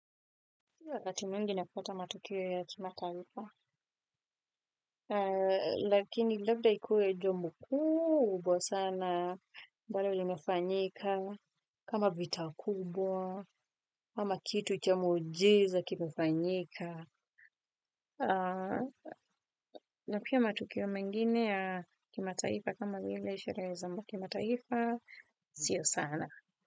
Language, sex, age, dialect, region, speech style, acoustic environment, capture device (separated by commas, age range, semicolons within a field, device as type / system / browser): Swahili, female, 25-34, Kenya - Nairobi Sheng-influenced, Kenya, focused, silence, desktop / Windows / Firefox